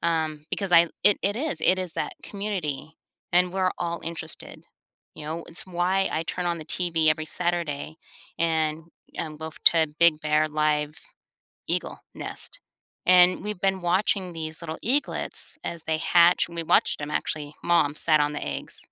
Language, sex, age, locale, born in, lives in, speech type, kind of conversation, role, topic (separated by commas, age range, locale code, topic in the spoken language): English, female, 50-54, en-US, United States, United States, conversation, podcast, guest, How has social media changed the way we experience and interact with entertainment?